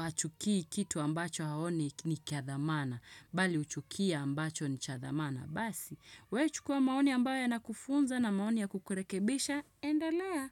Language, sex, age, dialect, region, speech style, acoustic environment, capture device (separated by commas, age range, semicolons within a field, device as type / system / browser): Swahili, female, 25-34, Kenya - Nairobi Sheng-influenced, Kenya, relaxed, nature, desktop / Windows / Chrome